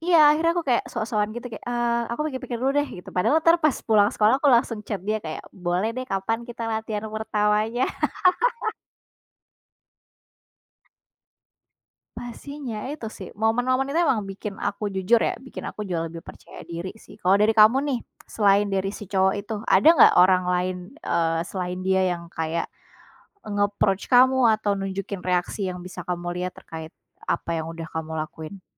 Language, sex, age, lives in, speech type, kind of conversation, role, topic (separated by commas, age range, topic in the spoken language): Indonesian, female, 25-29, Indonesia, conversation, unstructured, none, Apa hal paling berani yang pernah kamu lakukan untuk menunjukkan jati dirimu?